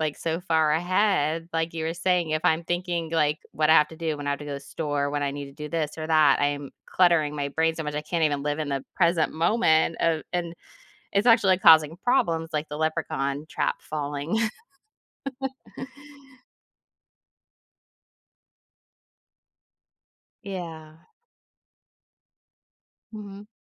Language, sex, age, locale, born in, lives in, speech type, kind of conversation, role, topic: English, female, 40-44, en-US, United States, United States, conversation, advice, user, How can I find joy in my daily routine?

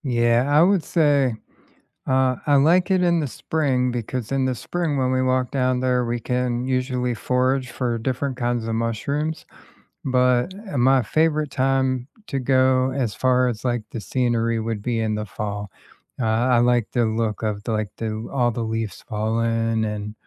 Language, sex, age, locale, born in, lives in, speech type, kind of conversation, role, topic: English, male, 40-44, en-US, United States, United States, conversation, unstructured, none, What local walking routes or neighborhoods do you love to wander, and what makes them special?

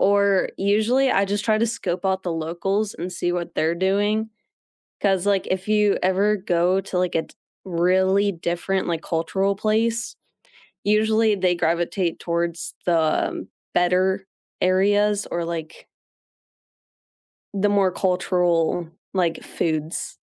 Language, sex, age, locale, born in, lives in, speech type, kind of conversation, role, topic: English, female, 18-19, en-US, United States, United States, conversation, unstructured, none, When you arrive somewhere new, what do you look for first, and why does it matter to you?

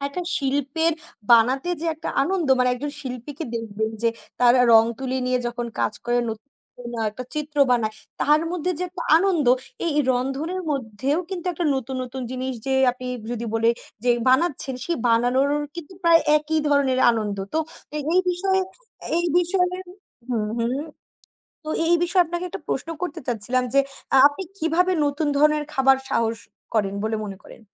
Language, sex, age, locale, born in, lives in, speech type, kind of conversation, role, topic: Bengali, female, 20-24, bn-BD, Bangladesh, Bangladesh, conversation, unstructured, none, খাবারে নতুন কিছু চেষ্টা করতে আপনার কেমন লাগে?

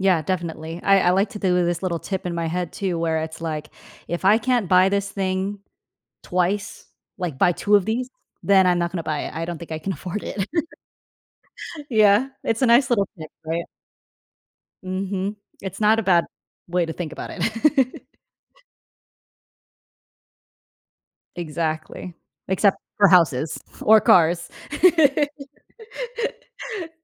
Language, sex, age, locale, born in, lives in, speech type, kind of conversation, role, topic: English, female, 25-29, en-US, United States, United States, conversation, podcast, host, How can you use credit cards wisely to improve your financial well-being?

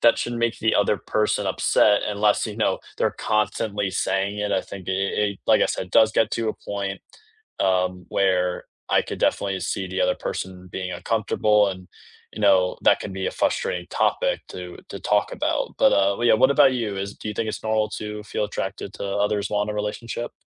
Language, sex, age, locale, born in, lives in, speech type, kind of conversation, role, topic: English, male, 18-19, en-US, United States, United States, conversation, unstructured, none, Is it normal to feel attracted to other people while you’re in a relationship?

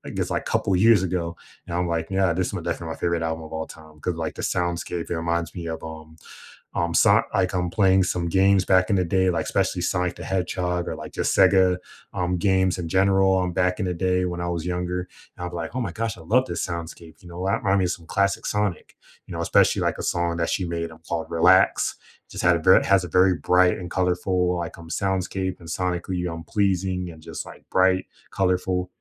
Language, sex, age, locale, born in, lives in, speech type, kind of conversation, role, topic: English, male, 25-29, en-US, United States, United States, conversation, unstructured, none, Which songs or artists have matched your mood lately, and what about them resonates with your life right now?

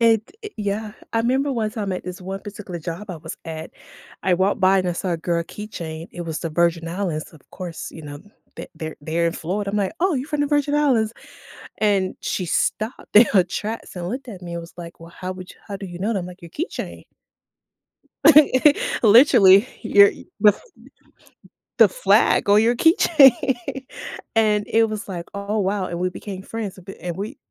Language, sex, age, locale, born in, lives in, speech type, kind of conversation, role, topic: English, female, 45-49, en-US, United States, United States, conversation, podcast, guest, Why do shared meals have such a strong impact on our relationships and sense of community?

